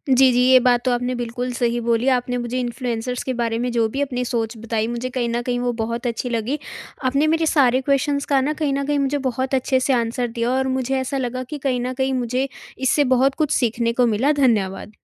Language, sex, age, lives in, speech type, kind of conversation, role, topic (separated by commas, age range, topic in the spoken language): Hindi, female, 18-19, India, conversation, podcast, host, Influencer banna shuru karne ke liye kya karna chahiye?